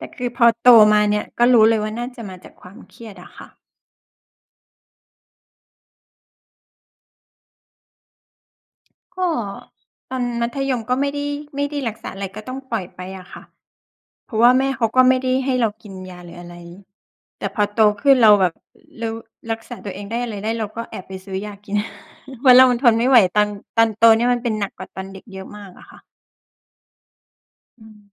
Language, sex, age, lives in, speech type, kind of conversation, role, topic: Thai, female, 40-44, Thailand, conversation, unstructured, none, คุณคิดว่าความเครียดส่งผลต่อร่างกายอย่างไรบ้าง?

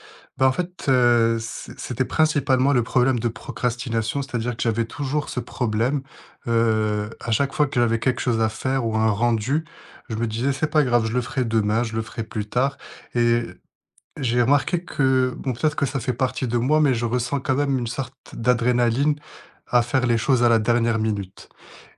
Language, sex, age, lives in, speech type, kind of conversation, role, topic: French, male, 25-29, France, conversation, podcast, guest, Quelles stratégies utilises-tu pour éviter la procrastination ?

